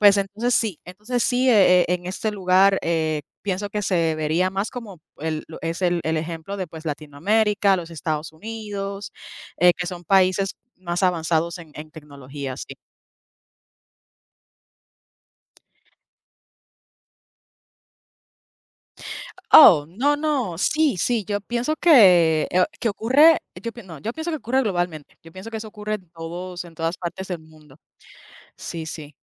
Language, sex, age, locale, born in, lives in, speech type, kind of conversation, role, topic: Spanish, female, 30-34, es-US, Puerto Rico, United States, conversation, unstructured, none, ¿Qué opinas sobre el maltrato animal en las redes sociales?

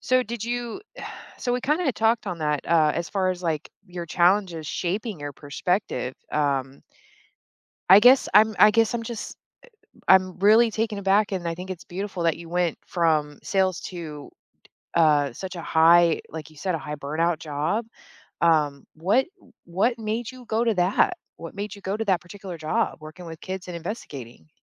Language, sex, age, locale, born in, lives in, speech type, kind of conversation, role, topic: English, female, 35-39, en-US, Germany, United States, conversation, podcast, host, How have difficult experiences shaped your professional journey?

